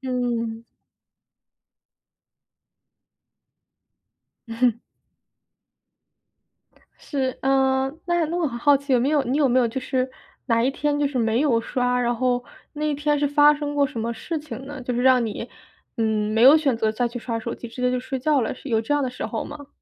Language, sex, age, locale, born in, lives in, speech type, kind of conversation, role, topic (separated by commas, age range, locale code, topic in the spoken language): Chinese, female, 25-29, zh-CN, China, United States, conversation, advice, advisor, 如何在临睡前摆脱刷屏习惯